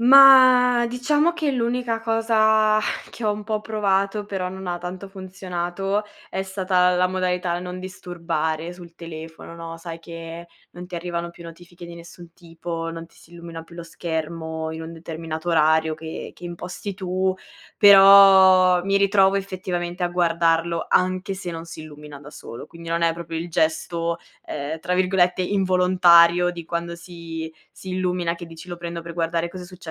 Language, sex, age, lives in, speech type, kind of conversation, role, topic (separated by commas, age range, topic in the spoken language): Italian, female, 25-29, Italy, conversation, advice, user, Da quanto tempo hai difficoltà a dormire o ti senti poco riposato anche dopo una notte di sonno?